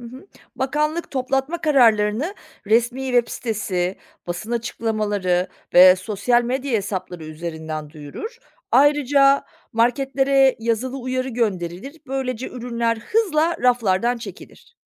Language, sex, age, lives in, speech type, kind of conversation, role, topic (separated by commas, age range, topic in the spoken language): Turkish, female, 40-44, Germany, read, expert-assistant, expert, Marketlerde satılan organik ürün etiketlerinin gerçekten doğru olup olmadığını nasıl anlayabiliriz? Kaynakların güvenilirliğini nasıl kontrol edebiliriz?